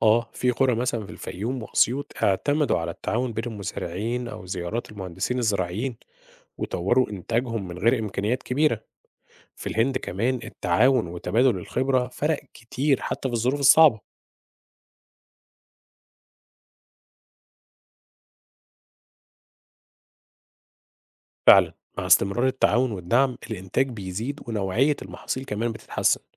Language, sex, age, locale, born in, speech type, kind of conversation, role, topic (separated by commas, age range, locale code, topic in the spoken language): Arabic, male, 30-34, ar-EG, Egypt, read, expert-assistant, expert, ممكن التكنولوجيا تغير شكل الزراعة في مصر إزاي؟